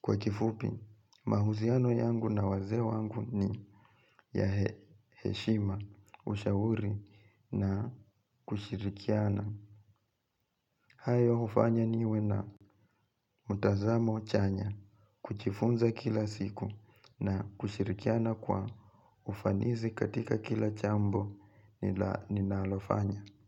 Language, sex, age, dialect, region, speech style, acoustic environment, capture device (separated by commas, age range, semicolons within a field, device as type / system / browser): Swahili, male, 25-34, Kenya - Nairobi Sheng-influenced, Kenya, relaxed, nature; silence, mobile / Linux / Chrome